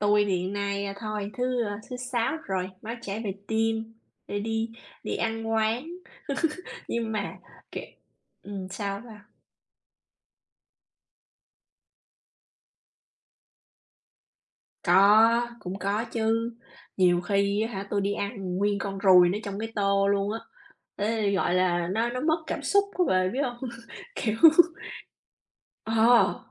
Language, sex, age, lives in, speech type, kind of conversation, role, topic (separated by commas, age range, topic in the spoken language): Vietnamese, female, 35-39, United States, conversation, unstructured, none, Bạn nghĩ sao về việc một số quán ăn không đảm bảo vệ sinh?